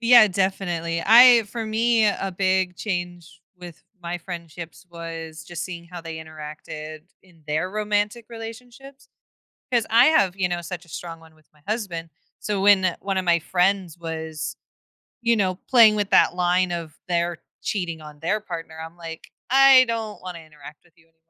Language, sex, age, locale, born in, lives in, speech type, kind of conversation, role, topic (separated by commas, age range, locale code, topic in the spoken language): English, female, 25-29, en-US, United States, United States, conversation, podcast, host, How have modern lifestyles and technology changed the way we build and maintain close friendships?